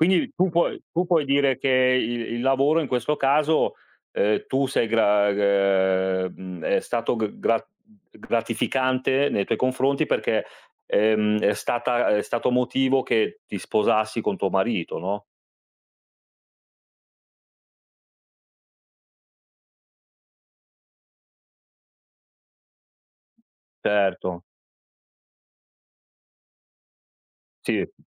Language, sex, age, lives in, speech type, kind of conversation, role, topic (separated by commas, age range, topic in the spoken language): Italian, male, 40-44, Italy, conversation, unstructured, none, Qual è la cosa più gratificante del tuo lavoro?